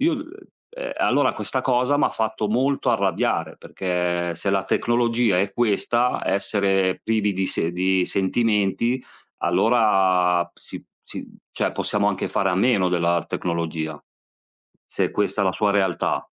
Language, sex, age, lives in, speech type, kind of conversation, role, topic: Italian, male, 40-44, Italy, conversation, unstructured, none, Come pensi che la tecnologia influenzi le relazioni tra le persone?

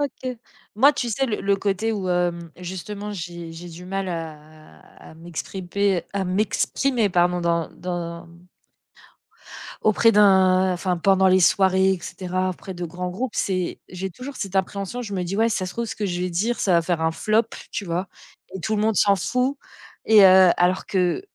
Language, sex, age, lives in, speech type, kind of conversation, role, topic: French, female, 35-39, France, conversation, unstructured, none, Comment décrirais-tu ta personnalité en quelques mots ?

